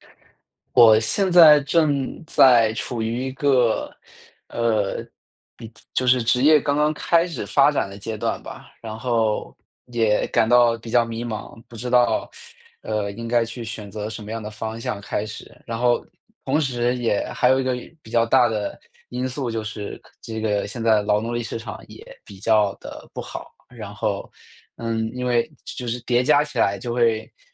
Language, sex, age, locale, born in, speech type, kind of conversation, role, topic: Chinese, male, 25-29, zh-CN, China, conversation, advice, user, 在职业转型时，你的焦虑从何而来，又该如何做出更清晰的决定？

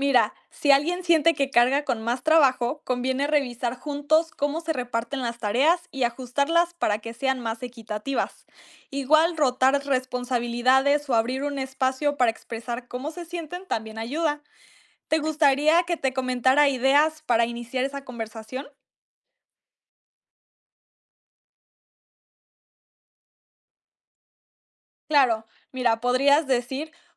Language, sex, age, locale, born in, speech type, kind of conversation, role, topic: Spanish, female, 18-19, es-MX, Mexico, read, expert-assistant, expert, ¿Cuáles son los pros y contras de unirse a un movimiento social en tu comunidad?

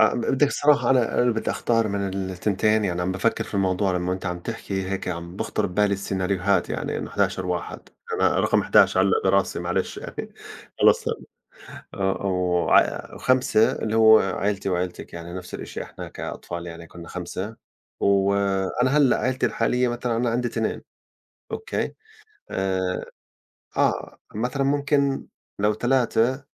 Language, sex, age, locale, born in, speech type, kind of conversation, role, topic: Arabic, male, 40-44, ar-JO, Saudi Arabia, conversation, unstructured, none, بتفضل العيلة الكبيرة ولا العيلة الصغيرة؟ وليه؟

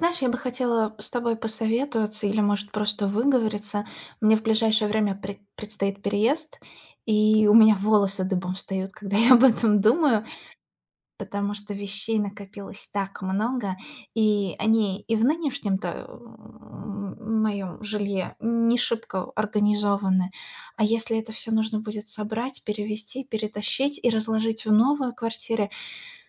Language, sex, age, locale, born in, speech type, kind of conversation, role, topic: Russian, female, 30-34, ru-RU, Russia, conversation, advice, user, Как организовать вещи при переезде, чтобы в новом доме не было бытового хаоса?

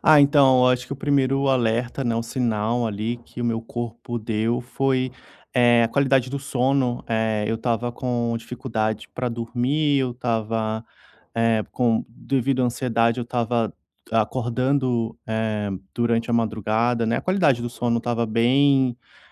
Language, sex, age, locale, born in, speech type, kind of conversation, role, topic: Portuguese, male, 30-34, pt-BR, Brazil, conversation, podcast, guest, Como você prioriza sua saúde mental na rotina do dia a dia?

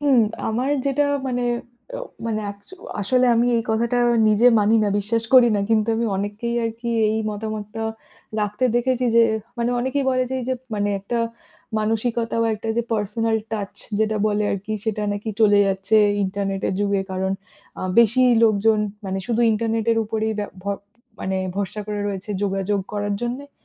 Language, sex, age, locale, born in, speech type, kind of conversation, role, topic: Bengali, female, 30-34, bn-IN, India, conversation, unstructured, none, ইন্টারনেট কীভাবে আমাদের যোগাযোগের ধরন বদলে দিয়েছে?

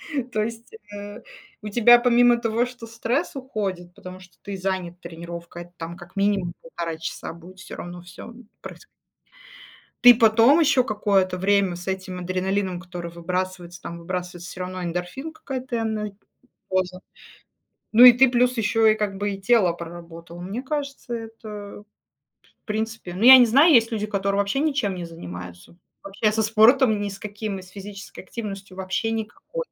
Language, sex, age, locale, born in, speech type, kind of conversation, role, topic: Russian, female, 35-39, ru-RU, Russia, conversation, unstructured, none, Как спорт помогает справляться со стрессом?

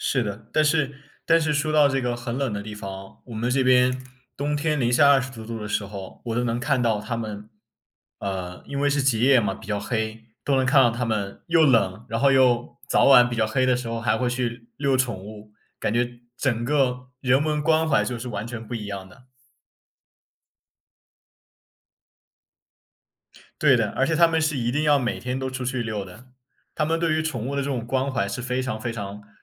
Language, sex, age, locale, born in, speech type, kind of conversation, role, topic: Chinese, male, 20-24, zh-CN, China, conversation, unstructured, none, 你觉得旅行会改变一个人的生活吗？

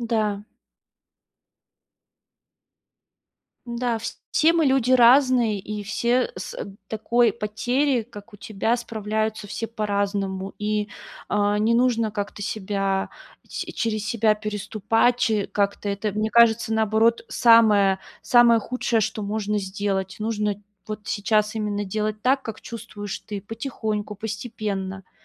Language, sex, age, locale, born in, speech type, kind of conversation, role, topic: Russian, female, 30-34, ru-RU, Russia, conversation, advice, advisor, Как перестать бояться просить о помощи, когда мне эмоционально тяжело?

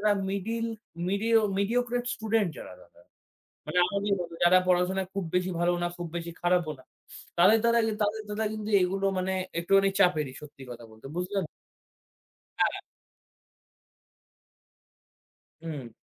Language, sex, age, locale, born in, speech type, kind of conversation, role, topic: Bengali, male, 18-19, bn-IN, India, conversation, unstructured, none, শিক্ষার মাধ্যমে সমাজে কী কী পরিবর্তন আনা সম্ভব?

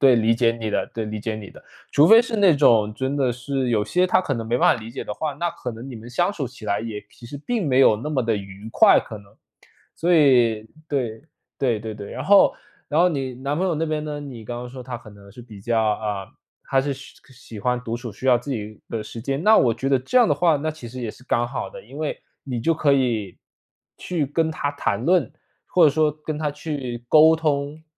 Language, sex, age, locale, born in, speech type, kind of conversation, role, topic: Chinese, male, 25-29, zh-CN, China, conversation, advice, advisor, 陪伴伴侣时如何兼顾自我照顾，避免关系变得沉重？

